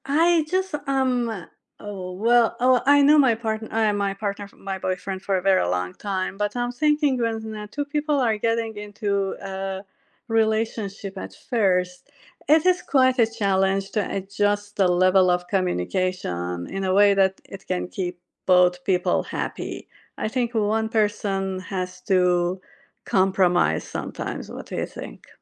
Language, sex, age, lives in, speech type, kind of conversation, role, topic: English, female, 45-49, United States, conversation, unstructured, none, How important is communication between partners?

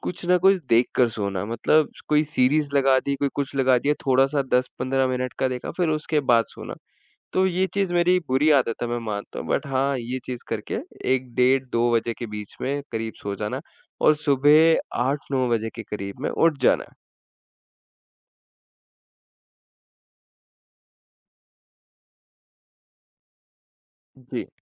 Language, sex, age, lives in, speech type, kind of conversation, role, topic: Hindi, male, 20-24, India, conversation, advice, user, सुबह बहुत जल्दी जाग जाने के बाद फिर से नींद न आना कैसा लगता है?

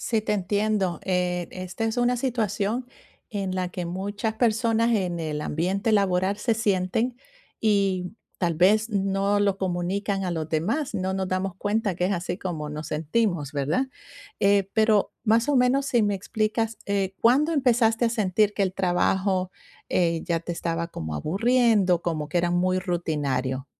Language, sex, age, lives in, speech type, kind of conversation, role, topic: Spanish, female, 45-49, United States, conversation, advice, advisor, ¿Cómo te sientes al pensar que tu trabajo no tiene sentido ni propósito?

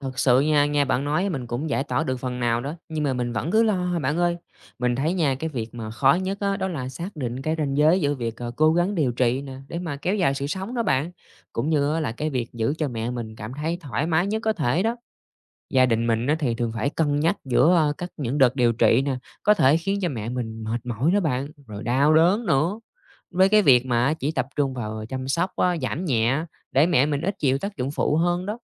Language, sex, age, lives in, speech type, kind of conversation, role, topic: Vietnamese, male, 30-34, Vietnam, read, expert-assistant, asker, Làm sao cân bằng giữa ý kiến chuyên môn của bác sĩ và nguyện vọng gia đình khi chăm sóc bệnh nhân mãn tính?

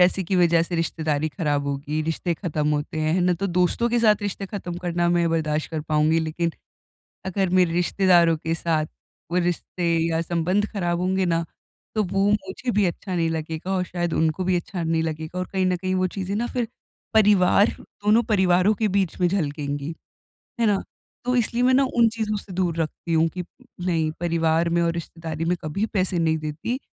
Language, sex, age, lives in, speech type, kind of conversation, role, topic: Hindi, female, 20-24, India, conversation, advice, user, मैं पैसों के बारे में बातचीत कैसे सहज, स्पष्ट और सम्मानजनक तरीके से कर सकता/सकती हूँ?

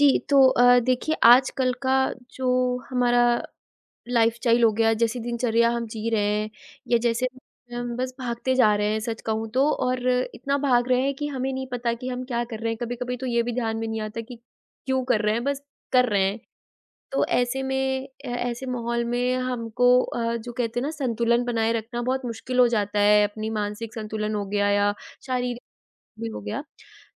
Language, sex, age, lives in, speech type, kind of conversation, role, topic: Hindi, female, 20-24, India, conversation, podcast, host, तुरंत राहत के लिए आप क्या करते हैं?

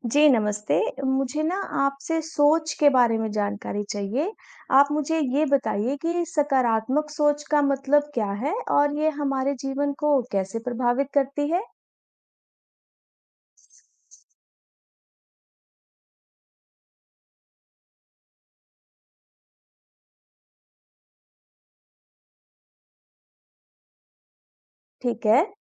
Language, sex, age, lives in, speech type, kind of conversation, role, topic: Hindi, female, 35-39, India, read, expert-assistant, asker, सकारात्मक सोच हमारे जीवन को कैसे प्रभावित करती है?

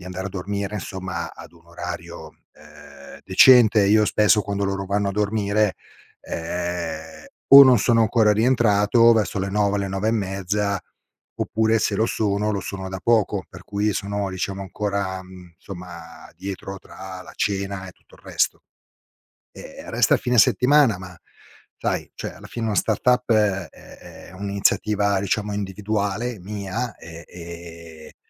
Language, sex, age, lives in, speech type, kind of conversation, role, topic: Italian, male, 50-54, Italy, conversation, advice, user, Come posso gestire il senso di colpa per aver trascurato famiglia e amici a causa della mia startup?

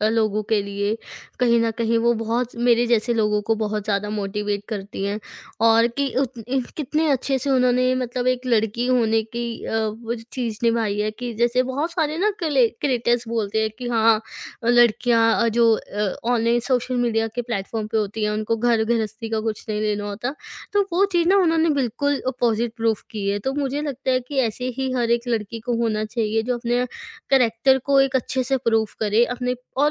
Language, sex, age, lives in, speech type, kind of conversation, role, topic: Hindi, female, 20-24, India, conversation, podcast, guest, Kis influencer ne tumhe inspire kiya, aur kyun?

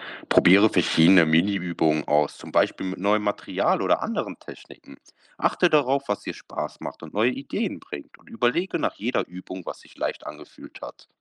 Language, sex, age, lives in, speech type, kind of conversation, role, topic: German, male, 25-29, Germany, read, expert-assistant, expert, Wie plane ich ein größeres Kunstvorhaben, damit es auch bei unerwarteten Hindernissen erfolgreich bleibt?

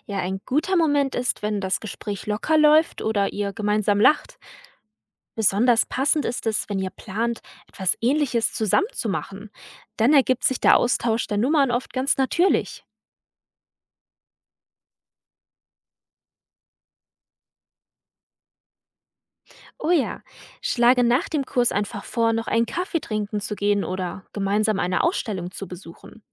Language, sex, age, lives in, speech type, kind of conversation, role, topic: German, female, 20-24, Germany, read, expert-assistant, expert, Wie kann ich als Zugezogener in einer neuen Stadt ein Zugehörigkeitsgefühl entwickeln und meine Integration fördern?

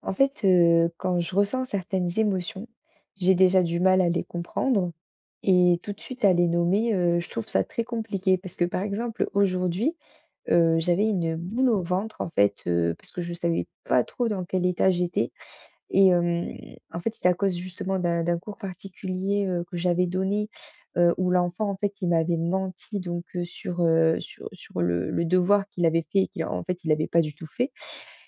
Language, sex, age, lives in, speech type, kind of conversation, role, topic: French, female, 20-24, France, conversation, advice, user, Comment puis-je reconnaître et nommer rapidement mes émotions au quotidien ?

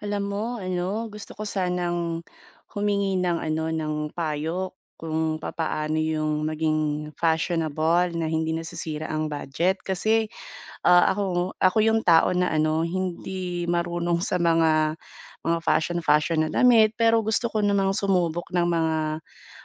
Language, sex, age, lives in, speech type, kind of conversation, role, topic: Filipino, female, 30-34, Philippines, conversation, advice, user, Paano ako makakapagdamit nang nakaayos at nasa uso nang hindi nasisira ang badyet ko?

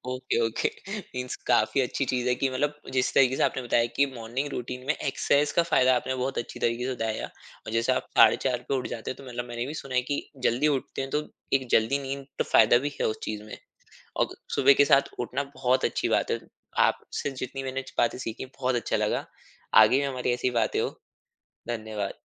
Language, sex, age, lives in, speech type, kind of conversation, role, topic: Hindi, male, 25-29, India, conversation, podcast, host, Subah uthte hi tum sabse pehla kaam kya karte ho?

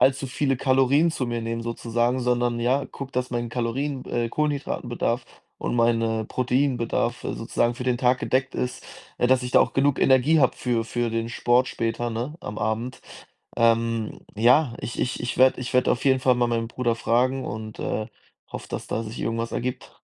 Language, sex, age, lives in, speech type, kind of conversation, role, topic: German, male, 20-24, Germany, conversation, advice, user, Wie kann ich meine Portionsgrößen besser einschätzen und woran erkenne ich, wie viel für mich wirklich genug ist?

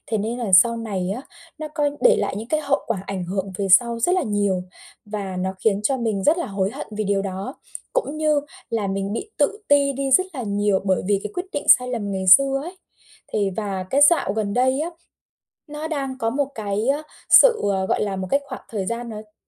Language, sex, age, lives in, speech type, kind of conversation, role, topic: Vietnamese, female, 25-29, Vietnam, conversation, advice, user, Làm sao để biến sai lầm thành cơ hội học hỏi?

